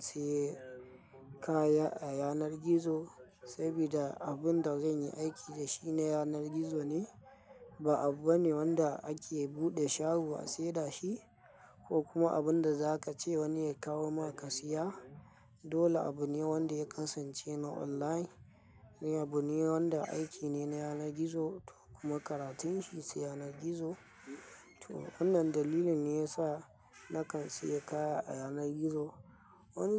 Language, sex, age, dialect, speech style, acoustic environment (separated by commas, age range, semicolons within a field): Hausa, male, 25-34, Nigeria - Kano, relaxed, silence